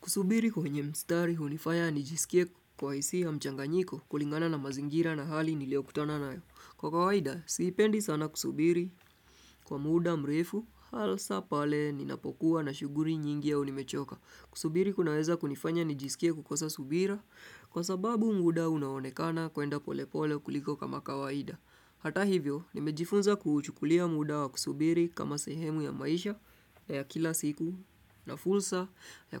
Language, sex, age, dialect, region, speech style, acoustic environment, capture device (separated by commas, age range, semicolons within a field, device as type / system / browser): Swahili, male, 25-34, Kenya - Nairobi Sheng-influenced, Kenya, focused, music; people talking; appliances; nature, desktop / Windows / Chrome